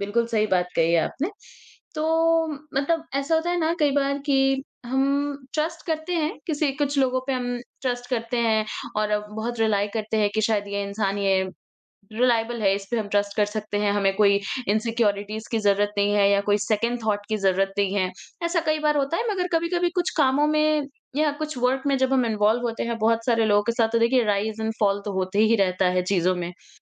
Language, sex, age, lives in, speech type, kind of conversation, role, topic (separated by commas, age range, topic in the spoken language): Hindi, female, 40-44, India, conversation, podcast, host, Collaboration mein trust banane ka tumhara tareeka kya hai?